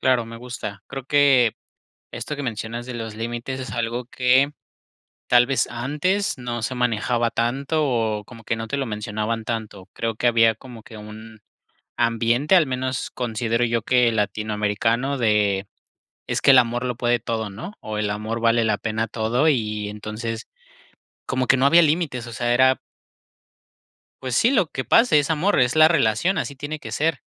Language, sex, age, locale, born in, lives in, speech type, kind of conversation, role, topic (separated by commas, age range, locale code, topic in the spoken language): Spanish, male, 25-29, es-MX, Mexico, Mexico, conversation, podcast, host, ¿Qué aprendiste de las decisiones que te salieron mal?